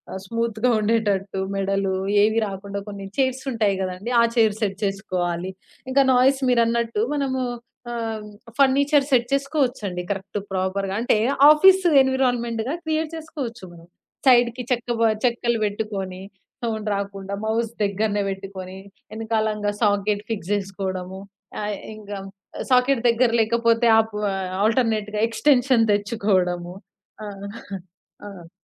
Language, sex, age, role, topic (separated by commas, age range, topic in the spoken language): Telugu, female, 20-24, guest, ఇంటి నుంచే పని చేసేటప్పుడు మీ పని స్థలాన్ని మీరు ఎలా ఏర్పాటు చేసుకుంటారు?